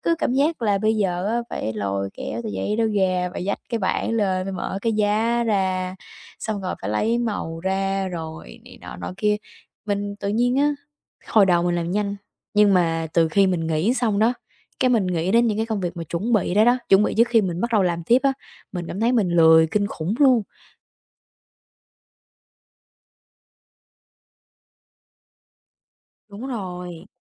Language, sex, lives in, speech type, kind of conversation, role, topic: Vietnamese, female, Vietnam, conversation, advice, user, Làm sao để vượt qua sự trì hoãn và bắt đầu dự án của bạn?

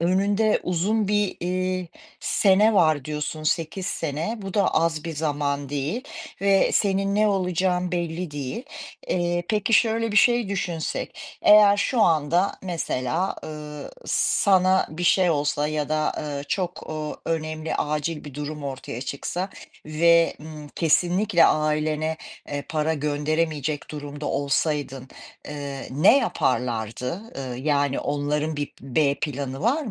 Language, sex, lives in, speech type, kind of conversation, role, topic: Turkish, female, United States, conversation, advice, advisor, Yetişkin bir çocuk olarak ailenize maddi destek sağlama baskısını nasıl yaşıyorsunuz?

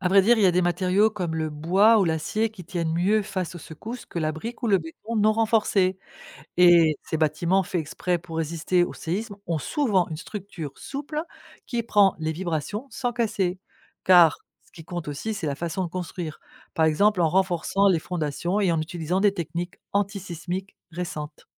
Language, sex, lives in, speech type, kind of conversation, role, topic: French, female, France, read, expert-assistant, expert, Qu’est-ce qui provoque les tremblements de terre ?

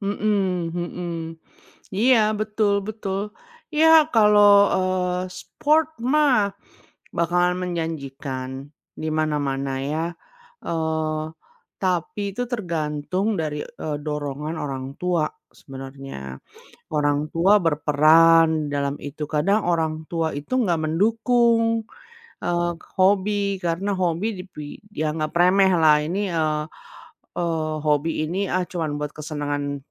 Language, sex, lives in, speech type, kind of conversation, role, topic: Indonesian, female, United States, conversation, unstructured, none, Mengapa orang sering meremehkan hobi yang tidak mereka sukai?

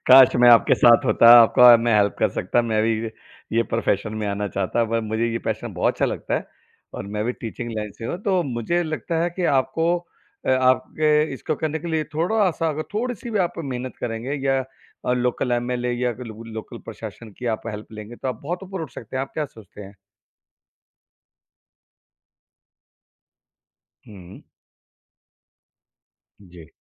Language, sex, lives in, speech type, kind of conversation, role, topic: Hindi, male, India, conversation, podcast, host, Agar naukri mein junoon nahi hai, lekin paisa achha mil raha hai, to tum kya karte ho?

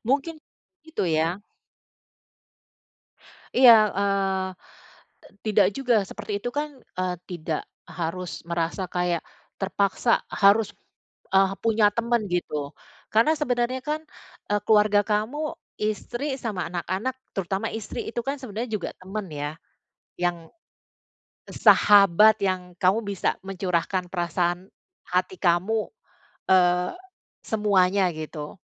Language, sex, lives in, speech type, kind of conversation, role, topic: Indonesian, female, Netherlands, conversation, advice, advisor, Bagaimana cara menghadapi kehilangan sahabat atau jaringan sosial dan membangun koneksi baru?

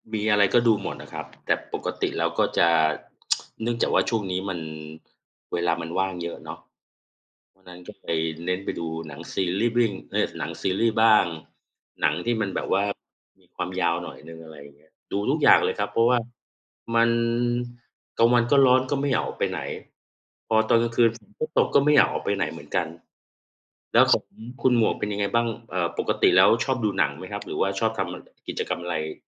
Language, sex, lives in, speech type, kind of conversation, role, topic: Thai, male, Thailand, conversation, unstructured, none, หนังเรื่องล่าสุดที่คุณดูทำให้คุณรู้สึกอย่างไร?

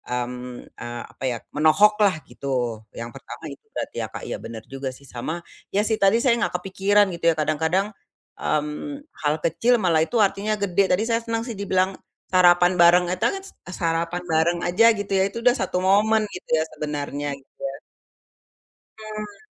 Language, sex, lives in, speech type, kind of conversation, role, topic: Indonesian, female, Indonesia, conversation, advice, user, Bagaimana cara mengatur waktu saat bepergian agar bisa menikmati liburan tanpa tergesa-gesa?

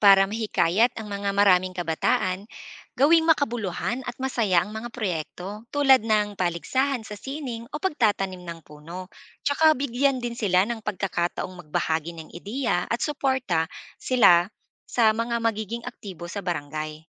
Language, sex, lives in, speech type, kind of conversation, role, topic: Filipino, female, Philippines, read, expert-assistant, expert, May mga sitwasyon ba sa klasikong literatura na parang nangyayari pa rin sa kasalukuyang lipunan? Anong mga halimbawa nito?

